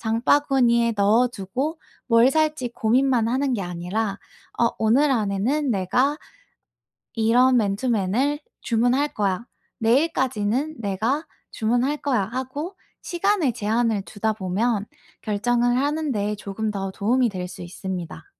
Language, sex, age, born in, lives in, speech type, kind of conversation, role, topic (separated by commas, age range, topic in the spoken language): Korean, female, 25-29, South Korea, United States, conversation, advice, advisor, 쇼핑할 때 선택지가 너무 많아서 결정을 못 할 때는 어떻게 해야 하나요?